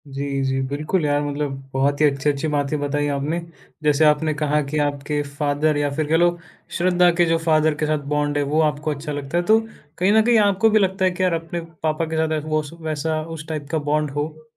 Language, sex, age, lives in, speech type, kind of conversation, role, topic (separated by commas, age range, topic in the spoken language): Hindi, male, 20-24, India, conversation, podcast, host, Kya social media ne tumhare icons choose karne ka tareeqa badal diya hai?